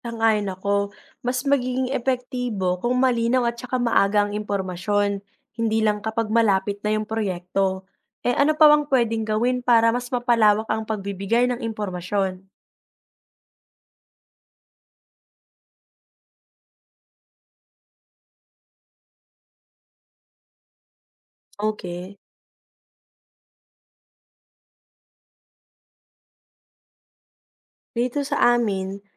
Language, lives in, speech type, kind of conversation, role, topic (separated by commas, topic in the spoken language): Filipino, Philippines, read, expert-assistant, asker, Paano binabalak at inaayos ang lungsod para maging mas maginhawa ang pamumuhay ng mga tao?